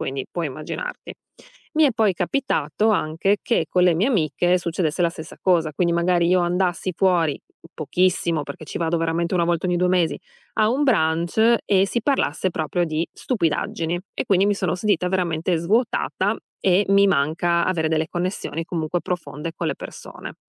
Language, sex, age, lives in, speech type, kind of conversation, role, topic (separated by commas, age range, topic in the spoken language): Italian, female, 35-39, United States, conversation, advice, user, Come posso affrontare la distanza emotiva e la mancanza di conversazioni profonde?